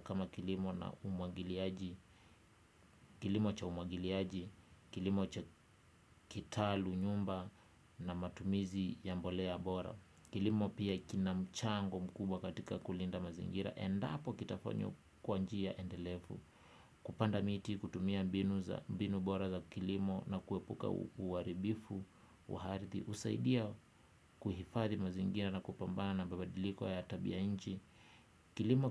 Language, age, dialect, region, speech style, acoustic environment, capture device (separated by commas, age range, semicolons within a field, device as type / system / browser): Swahili, 25-34, Kenya - Kitui, Kenya, relaxed; focused; excited; happy, nature, desktop / Windows / Chrome